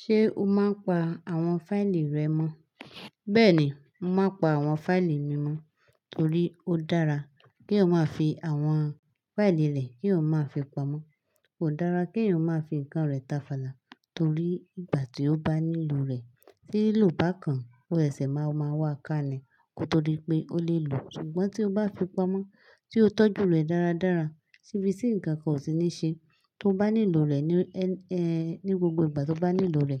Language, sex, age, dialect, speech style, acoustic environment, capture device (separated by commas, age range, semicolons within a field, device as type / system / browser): Yoruba, female, 25-34, Nigeria - Lagos Yoruba, focused, silence, desktop / Linux / Chrome